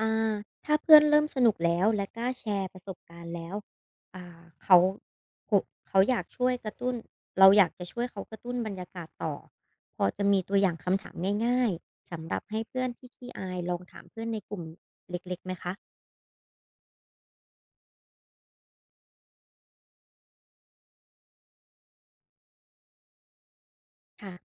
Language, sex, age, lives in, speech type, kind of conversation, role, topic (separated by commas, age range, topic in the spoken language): Thai, female, 25-29, Thailand, read, expert-assistant, asker, ถ้ามีเพื่อนที่ไม่ค่อยสนใจศิลปะ เราจะชวนเขาพูดคุยหรือวิจารณ์งานศิลป์ด้วยวิธีใหม่ๆ แบบไหนได้บ้าง?